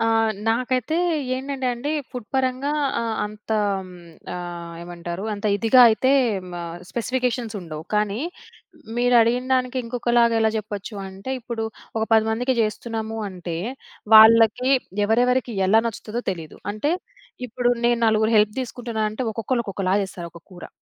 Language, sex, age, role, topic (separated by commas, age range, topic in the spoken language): Telugu, female, 25-29, guest, పెద్ద పనిని చిన్న భాగాలుగా విడగొట్టేటప్పుడు మీరు అనుసరించే పద్ధతి ఏమిటి?